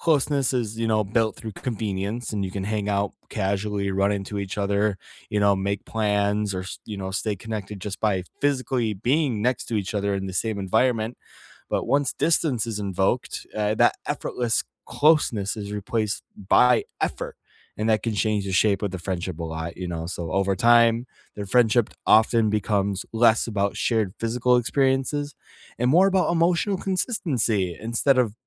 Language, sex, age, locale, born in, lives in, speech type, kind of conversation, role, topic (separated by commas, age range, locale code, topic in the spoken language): English, male, 25-29, en-US, United States, United States, conversation, unstructured, none, What are some simple, meaningful ways to keep long-distance friendships close and thriving?